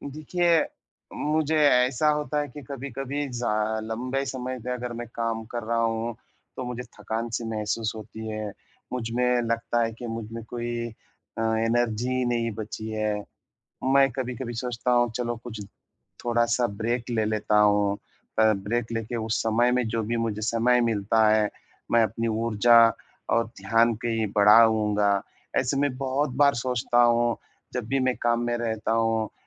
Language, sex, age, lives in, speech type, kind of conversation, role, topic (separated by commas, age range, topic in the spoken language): Hindi, male, 30-34, India, conversation, advice, user, ब्रेक लेते समय मैं अपनी ऊर्जा और एकाग्रता कैसे बढ़ा सकता/सकती हूँ?